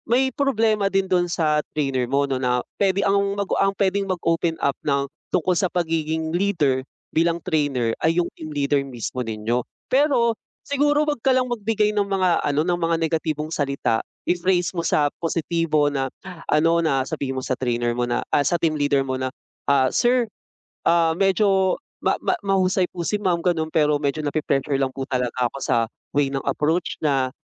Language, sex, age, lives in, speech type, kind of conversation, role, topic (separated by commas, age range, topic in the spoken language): Filipino, male, 25-29, Philippines, conversation, advice, advisor, Paano ako hihingi ng paumanhin pagkatapos magkamali sa isang relasyon o sa trabaho?